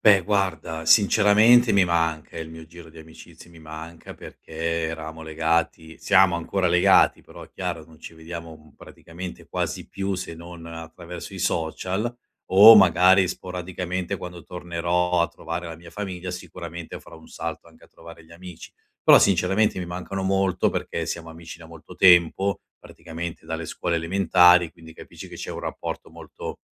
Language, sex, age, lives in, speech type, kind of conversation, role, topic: Italian, male, 50-54, Italy, conversation, advice, user, Come posso adattarmi al nuovo ritmo quotidiano dopo essermi trasferito/a in un’altra città?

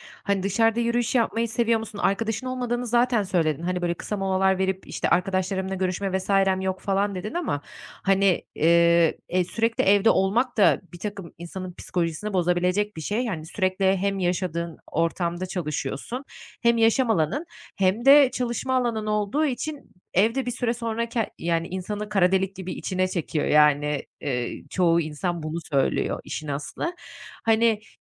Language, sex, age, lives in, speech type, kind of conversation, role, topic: Turkish, female, 30-34, Netherlands, conversation, advice, advisor, Kısa molalarla iş gününde nasıl daha fazla hareket edebilirim?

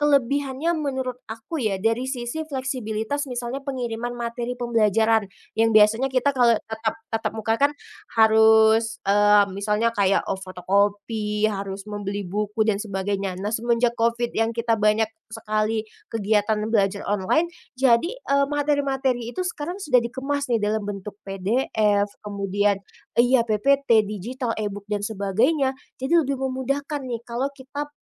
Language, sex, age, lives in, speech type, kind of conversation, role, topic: Indonesian, female, 25-29, Indonesia, conversation, podcast, guest, Bagaimana pengalamanmu belajar daring dibandingkan dengan belajar tatap muka?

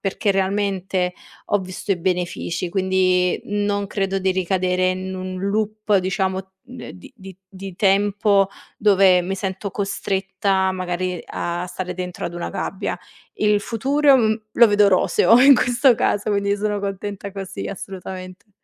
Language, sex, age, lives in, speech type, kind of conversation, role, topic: Italian, female, 25-29, Italy, conversation, podcast, guest, Cosa fai quando perdi la motivazione?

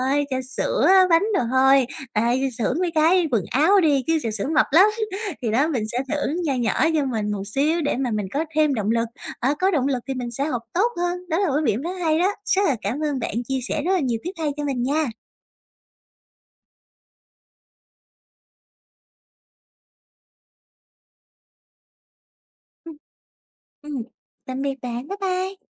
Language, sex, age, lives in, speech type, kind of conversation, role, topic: Vietnamese, female, 20-24, Vietnam, conversation, advice, user, Làm sao để giữ kiên nhẫn khi không thấy tiến triển?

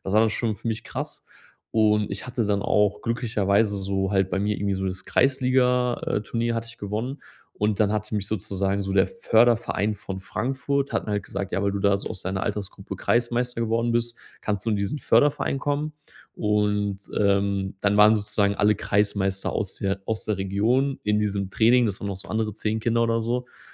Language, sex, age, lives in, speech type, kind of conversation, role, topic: German, male, 30-34, Germany, conversation, podcast, guest, Warst du als Kind in einem Verein, und wie war das für dich?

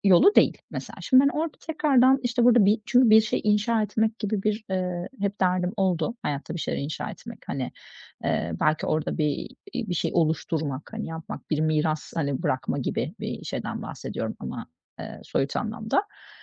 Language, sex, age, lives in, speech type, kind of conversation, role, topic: Turkish, female, 40-44, Greece, conversation, advice, user, İlişkimizde değerlerimiz ve önceliklerimizin ne kadar uyumlu olduğunu nasıl değerlendirebilirim?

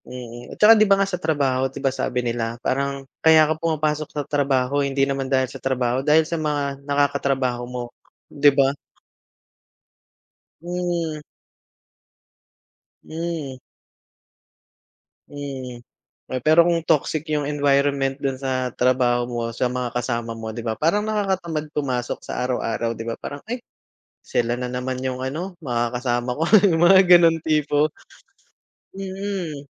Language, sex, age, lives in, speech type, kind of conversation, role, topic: Filipino, male, 35-39, Philippines, conversation, unstructured, none, Ano ang ginagawa mo kapag naiinis ka sa tuwing naaalala mo ang isang tao?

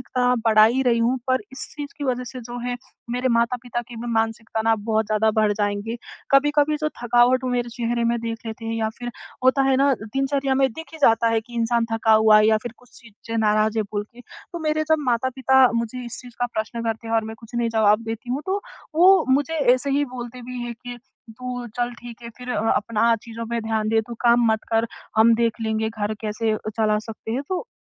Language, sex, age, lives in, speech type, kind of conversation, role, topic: Hindi, female, 20-24, India, conversation, advice, user, मैं परिवार, काम और शौकों के बीच सही संतुलन कैसे बनाऊँ?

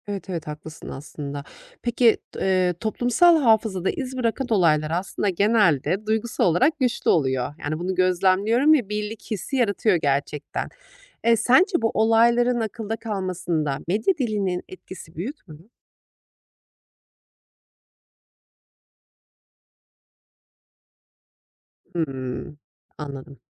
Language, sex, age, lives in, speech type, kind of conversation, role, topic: Turkish, female, 35-39, Austria, read, expert-assistant, asker, Bir haberin televizyon yerine internetten yayınlanmasını, günlük yaşamdaki bir duruma benzetebilir misiniz? Bu değişimin etkisini somut bir örnekle anlatır mısınız?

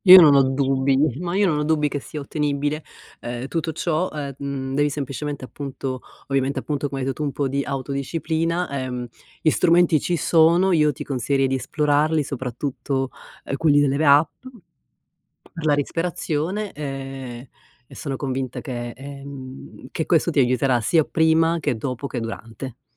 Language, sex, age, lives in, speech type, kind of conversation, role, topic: Italian, female, 50-54, United States, conversation, advice, advisor, Come posso gestire la paura del giudizio sociale prima di eventi o riunioni?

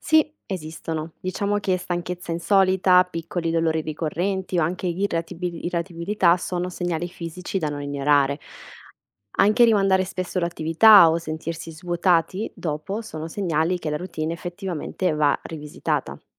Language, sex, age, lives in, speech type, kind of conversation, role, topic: Italian, female, 25-29, Italy, read, expert-assistant, expert, In che modo piccoli cambiamenti quotidiani possono portare a grandi miglioramenti personali nel tempo?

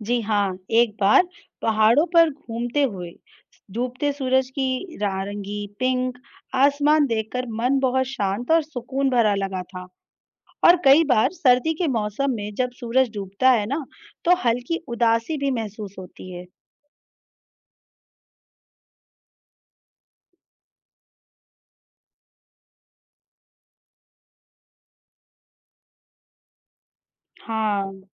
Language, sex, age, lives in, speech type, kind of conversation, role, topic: Hindi, female, 35-39, India, read, expert-assistant, asker, सूरज डूबने के बाद आसमान लाल क्यों हो जाता है?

